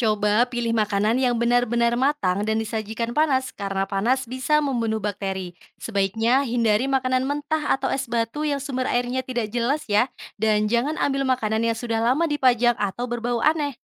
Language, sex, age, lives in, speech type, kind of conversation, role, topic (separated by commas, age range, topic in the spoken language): Indonesian, female, 25-29, Indonesia, read, expert-assistant, expert, Bagaimana cara membiasakan diri memilih makanan yang benar-benar aman setiap hari?